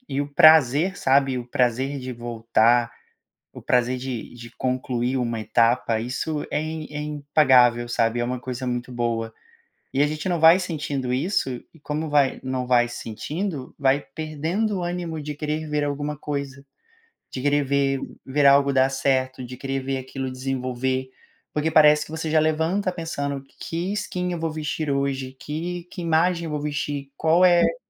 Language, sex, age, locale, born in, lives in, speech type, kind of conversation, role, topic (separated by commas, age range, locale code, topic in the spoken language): Portuguese, male, 30-34, pt-BR, Brazil, Portugal, conversation, advice, user, Como posso lidar com a perda de motivação no meio de um projeto de longo prazo?